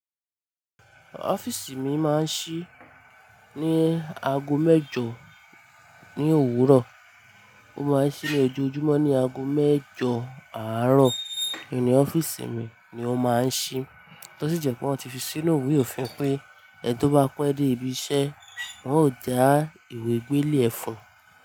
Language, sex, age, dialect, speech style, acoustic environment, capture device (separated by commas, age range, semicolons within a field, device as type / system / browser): Yoruba, female, 25-34, Nigeria - Ibadan, happy, appliances, mobile / Linux / Chrome